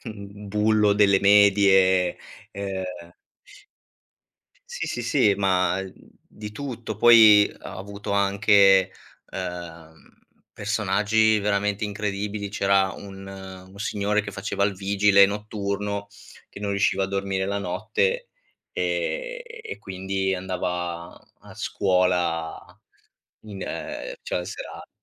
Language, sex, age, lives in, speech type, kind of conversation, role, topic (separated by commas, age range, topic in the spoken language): Italian, male, 25-29, Italy, conversation, podcast, guest, Come si può combattere la solitudine nella vita di tutti i giorni?